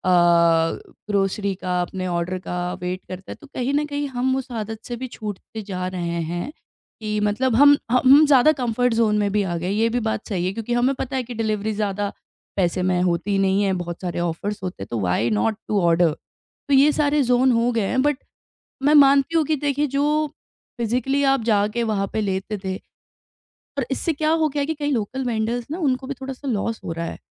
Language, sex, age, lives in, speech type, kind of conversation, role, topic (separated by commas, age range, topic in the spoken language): Hindi, female, 30-34, India, conversation, podcast, guest, Delivery services aur logistics ne tumhare liye groceries ko kitna aasan aur accessible banaya hai?